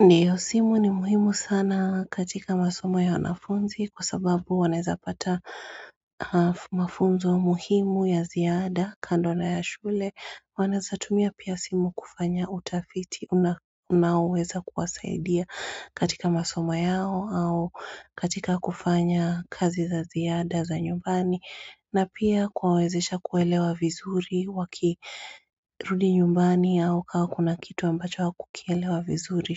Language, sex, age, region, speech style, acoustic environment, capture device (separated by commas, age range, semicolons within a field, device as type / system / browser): Swahili, female, 35-44, Kenya, tired, silence, mobile / Android / Chrome